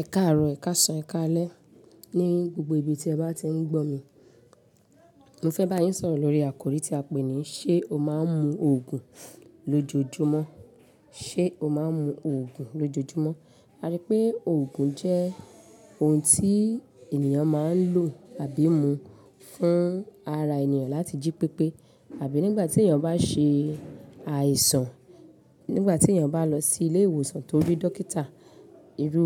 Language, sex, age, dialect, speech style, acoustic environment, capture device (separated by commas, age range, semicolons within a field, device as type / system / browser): Yoruba, female, 35-44, Nigeria - Lagos Yoruba, happy; excited; focused, people talking, mobile / Linux / Chrome